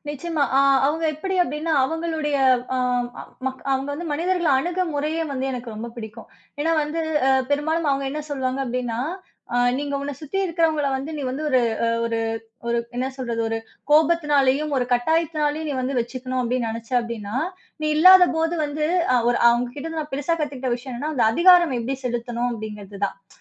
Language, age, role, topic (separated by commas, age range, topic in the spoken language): Tamil, 30-34, guest, உங்கள் கல்விப் பயணத்தில் உங்களுக்கு வழிகாட்டியாக இருந்தவர் யார் என்று சொல்ல முடியுமா?